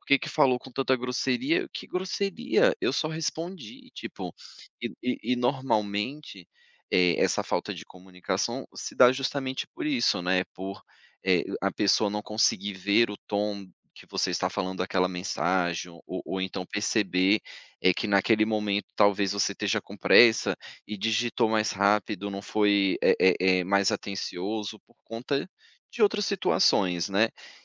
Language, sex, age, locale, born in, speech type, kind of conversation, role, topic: Portuguese, male, 35-39, pt-BR, Brazil, conversation, podcast, guest, O que falta nas relações online que existe nas relações presenciais?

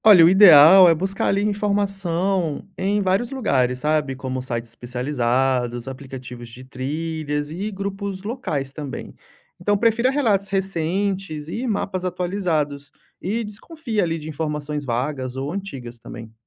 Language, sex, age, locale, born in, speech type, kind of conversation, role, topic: Portuguese, male, 30-34, pt-BR, Brazil, read, expert-assistant, expert, Dá pra confiar nas dicas de trilhas que a galera compartilha em grupos online?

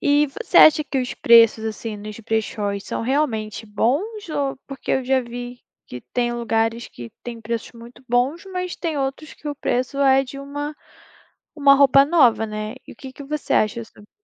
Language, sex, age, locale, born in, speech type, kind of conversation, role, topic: Portuguese, female, 20-24, pt-BR, Brazil, conversation, podcast, host, Como seu estilo mudou ao longo dos anos?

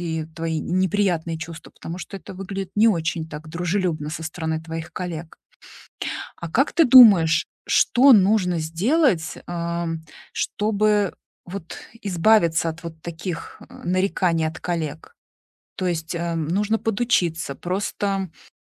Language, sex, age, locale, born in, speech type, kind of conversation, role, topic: Russian, female, 40-44, ru-RU, Russia, conversation, advice, advisor, Как научиться спокойно реагировать на критику на работе?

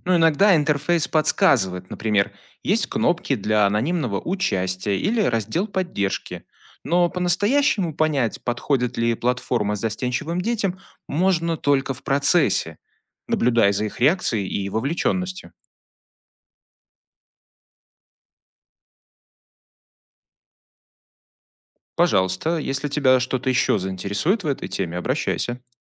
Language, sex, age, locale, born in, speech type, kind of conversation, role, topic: Russian, male, 35-39, ru-RU, Belarus, read, expert-assistant, expert, Как определить, действительно ли образовательные платформы полезны для детей?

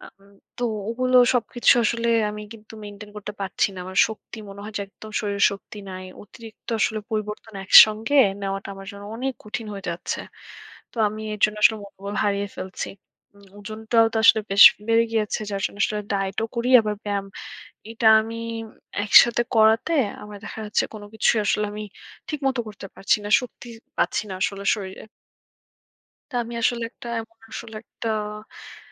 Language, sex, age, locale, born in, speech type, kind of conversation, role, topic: Bengali, female, 25-29, bn-BD, Bangladesh, conversation, advice, user, ওজন কমানোর জন্য ডায়েট ও ব্যায়াম একসঙ্গে নিয়মিত চালিয়ে যেতে আপনার কী কী অসুবিধা হচ্ছে?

